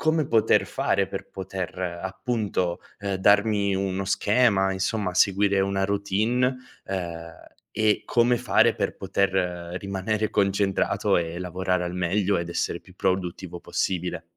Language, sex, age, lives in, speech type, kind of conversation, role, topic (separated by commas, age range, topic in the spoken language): Italian, male, 25-29, Italy, conversation, advice, user, Come posso gestire le distrazioni dai social network e dalle e-mail per concentrarmi davvero sul lavoro?